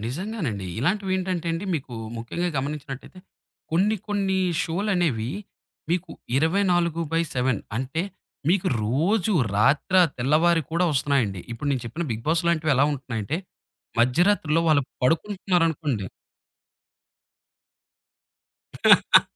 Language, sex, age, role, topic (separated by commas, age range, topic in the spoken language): Telugu, male, 30-34, guest, రియాలిటీ కార్యక్రమాలు సమాజంపై ఎలాంటి ప్రభావం చూపుతున్నాయని మీరు అనుకుంటున్నారు?